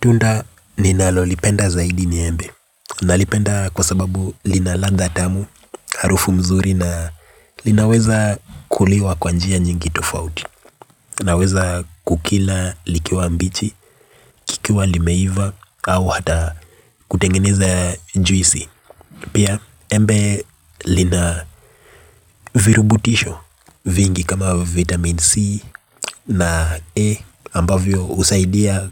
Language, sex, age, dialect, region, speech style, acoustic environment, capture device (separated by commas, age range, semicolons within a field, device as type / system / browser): Swahili, male, 25-34, Kenya - Nairobi Sheng-influenced, Kenya, relaxed, nature, mobile / Linux / Chrome